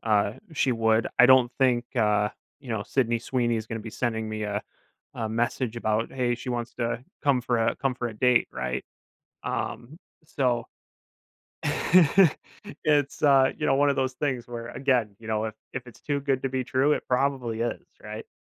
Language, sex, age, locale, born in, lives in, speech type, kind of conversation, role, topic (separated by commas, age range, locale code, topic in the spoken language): English, male, 25-29, en-US, United States, United States, conversation, podcast, host, How can we create healthier habits for using technology in our daily lives?